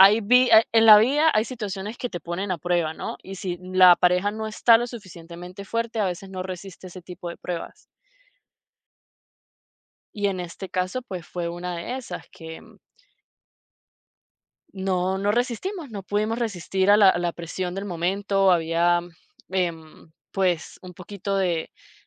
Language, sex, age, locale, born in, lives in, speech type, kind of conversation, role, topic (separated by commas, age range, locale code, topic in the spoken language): Spanish, female, 30-34, es-VE, Venezuela, United States, conversation, podcast, guest, ¿Qué historias personales te marcaron al aprender a partir de tus errores?